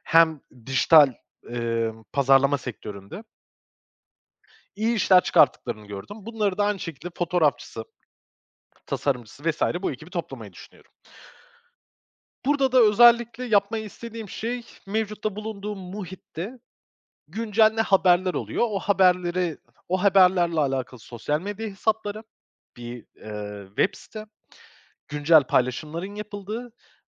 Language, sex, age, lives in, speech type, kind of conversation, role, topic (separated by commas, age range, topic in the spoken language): Turkish, male, 25-29, Portugal, conversation, advice, user, Yeni bir ekip kurma baskısı altındayken doğru kişiyi seçememekten nasıl kaçınabilirim?